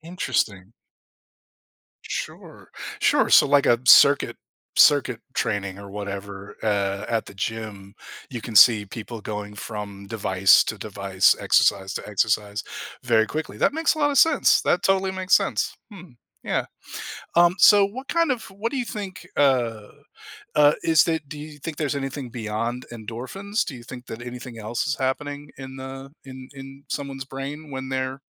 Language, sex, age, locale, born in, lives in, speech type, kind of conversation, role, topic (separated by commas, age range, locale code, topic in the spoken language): English, male, 50-54, en-US, United States, United States, conversation, podcast, host, In what ways can regular physical activity support emotional well-being and resilience?